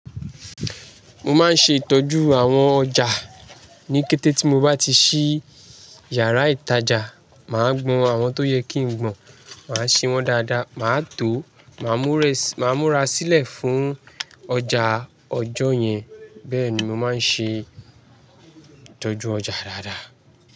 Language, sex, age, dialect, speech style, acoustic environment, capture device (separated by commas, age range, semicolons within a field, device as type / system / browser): Yoruba, male, 25-34, Nigeria - Lagos Yoruba, happy, people talking, mobile / Linux / Chrome